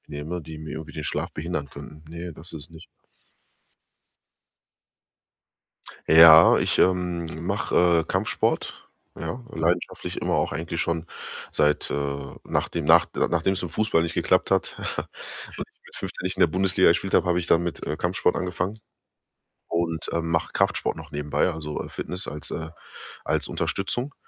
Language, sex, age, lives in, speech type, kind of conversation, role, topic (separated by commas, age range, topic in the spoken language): German, male, 30-34, Germany, conversation, advice, user, Warum fällt es mir schwer, regelmäßig sieben bis acht Stunden zu schlafen?